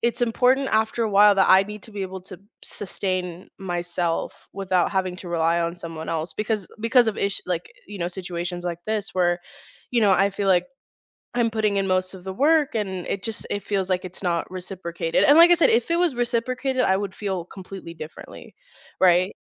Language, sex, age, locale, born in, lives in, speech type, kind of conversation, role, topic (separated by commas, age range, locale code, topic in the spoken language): English, female, 25-29, en-US, United States, United States, conversation, unstructured, none, Can you share a recent personal challenge you faced and what it taught you about yourself?